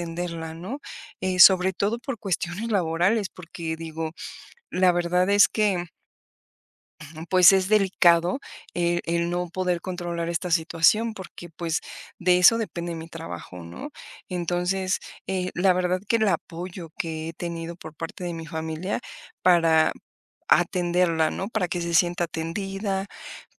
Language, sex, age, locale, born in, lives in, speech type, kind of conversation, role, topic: Spanish, female, 45-49, es-MX, Mexico, Mexico, conversation, podcast, guest, ¿Cómo manejas las interrupciones de tu familia o de tus compañeros de piso cuando estás trabajando o concentrado?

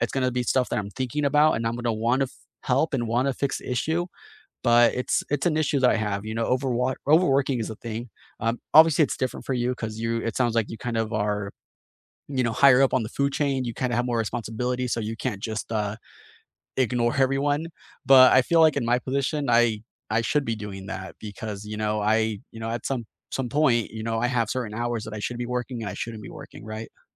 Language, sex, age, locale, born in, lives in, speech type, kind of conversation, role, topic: English, male, 30-34, en-US, United States, United States, conversation, unstructured, none, Which gadget do you rely on most right now, and how does it shape your day?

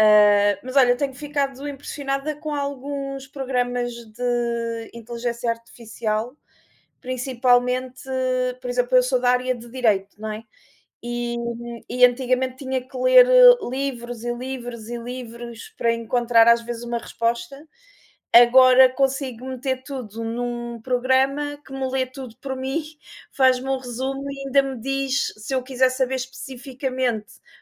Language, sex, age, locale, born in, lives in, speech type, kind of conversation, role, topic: Portuguese, female, 35-39, pt-PT, Portugal, Portugal, conversation, unstructured, none, Você já ficou impressionado com alguma inovação recente?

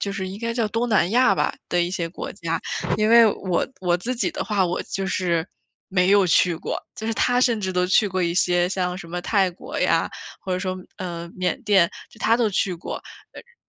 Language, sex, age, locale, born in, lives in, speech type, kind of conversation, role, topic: Chinese, female, 25-29, zh-CN, China, United States, conversation, advice, user, 如何在有限预算下度过一个好玩又充实的假期？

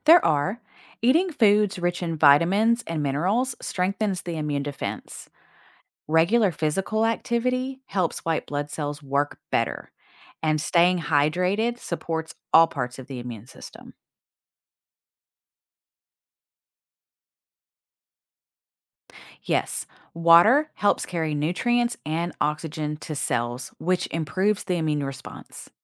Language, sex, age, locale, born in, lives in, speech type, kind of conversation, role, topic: English, female, 40-44, en-US, United States, United States, read, expert-assistant, expert, How does the human body defend against diseases?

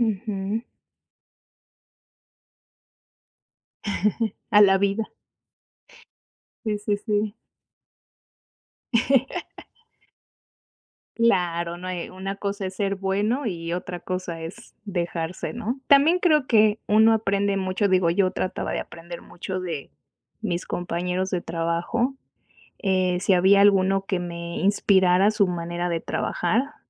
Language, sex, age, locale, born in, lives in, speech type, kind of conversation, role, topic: Spanish, female, 35-39, es-MX, Mexico, Mexico, conversation, unstructured, none, ¿Alguna vez un trabajo que parecía normal te ha cambiado la vida?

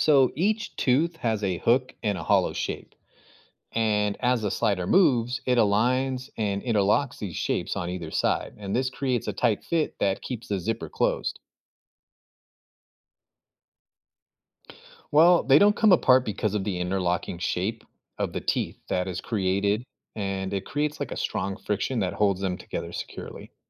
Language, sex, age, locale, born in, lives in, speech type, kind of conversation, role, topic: English, male, 35-39, en-US, United States, United States, read, expert-assistant, expert, How does a zipper fasten two sides together?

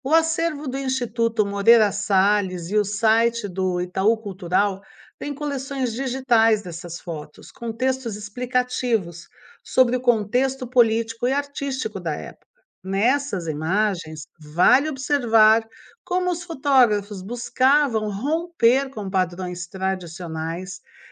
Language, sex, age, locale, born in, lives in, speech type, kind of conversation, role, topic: Portuguese, female, 55-59, pt-BR, Brazil, United States, read, expert-assistant, expert, Como desenvolver um olhar crítico para analisar fotos e obras de arte?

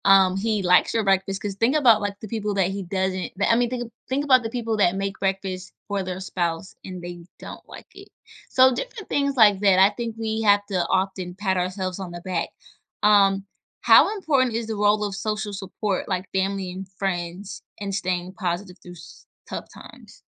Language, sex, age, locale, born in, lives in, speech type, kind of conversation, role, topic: English, female, 25-29, en-US, United States, United States, conversation, podcast, host, How do you find strength and maintain hope when facing challenges?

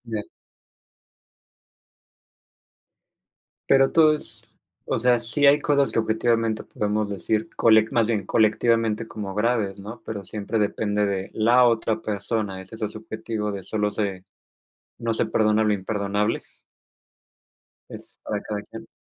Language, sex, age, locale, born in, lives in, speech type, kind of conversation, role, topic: Spanish, male, 25-29, es-MX, Mexico, Mexico, conversation, unstructured, none, ¿Hasta qué punto perdonas a un amigo que te ha traicionado?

